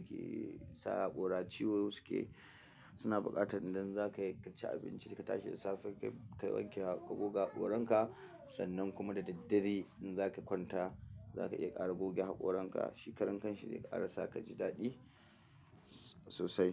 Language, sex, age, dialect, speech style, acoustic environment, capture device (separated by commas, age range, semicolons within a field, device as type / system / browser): Hausa, male, 25-34, Nigeria - Kano, relaxed, silence, mobile / Linux / Chrome